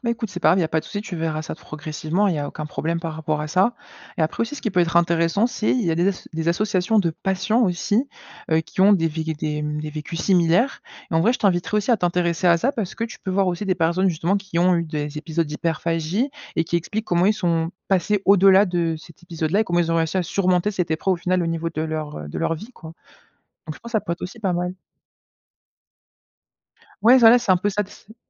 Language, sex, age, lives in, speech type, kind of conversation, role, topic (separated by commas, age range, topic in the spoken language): French, male, 20-24, France, conversation, advice, advisor, Comment décririez-vous vos envies intenses de malbouffe en période de stress ?